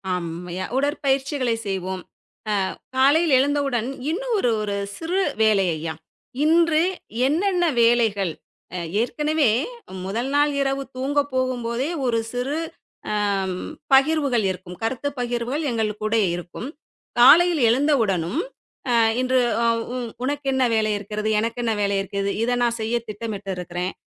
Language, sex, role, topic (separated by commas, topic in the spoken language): Tamil, female, guest, உங்கள் குடும்பம் காலை எப்படி தொடங்கும்?